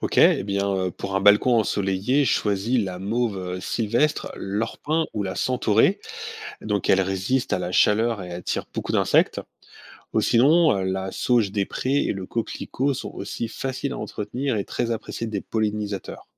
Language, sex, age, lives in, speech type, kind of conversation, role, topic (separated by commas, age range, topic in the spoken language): French, male, 45-49, France, read, expert-assistant, expert, De quelles manières simples pourrions-nous aider les espèces locales à s’adapter à la ville ?